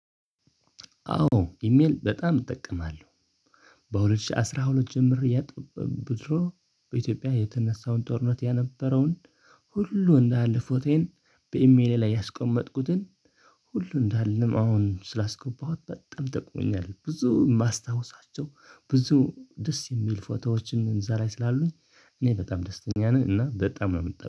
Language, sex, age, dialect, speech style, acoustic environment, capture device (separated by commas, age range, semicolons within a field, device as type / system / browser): Amharic, male, 25-34, Ethiopia - Tigray, happy; excited, silence, desktop / Linux / Chrome